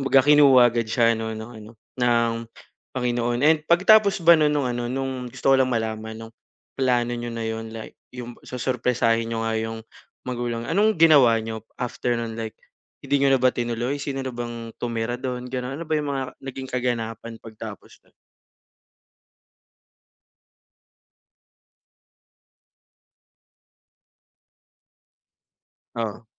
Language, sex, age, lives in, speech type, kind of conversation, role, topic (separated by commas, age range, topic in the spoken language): Filipino, male, 25-29, Philippines, conversation, advice, advisor, Paano ko muling mabubuo ang sarili ko matapos masira ang mga plano?